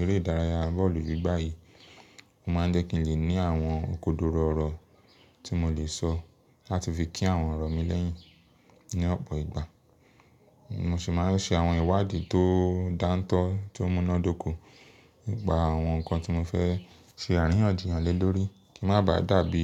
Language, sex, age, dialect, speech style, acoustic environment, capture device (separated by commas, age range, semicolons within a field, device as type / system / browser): Yoruba, male, 25-34, Nigeria - Lagos Yoruba, excited; focused; relaxed; happy, silence, mobile / Linux / Chrome